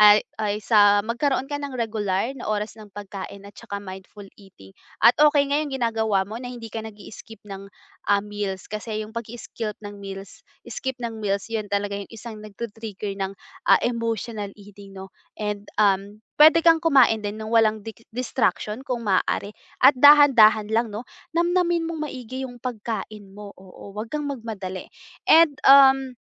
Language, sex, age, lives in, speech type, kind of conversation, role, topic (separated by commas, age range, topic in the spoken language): Filipino, female, 20-24, Philippines, conversation, advice, advisor, Paano ko mababalanse ang tunay na gutom at pagnanais na kumain dahil sa emosyon?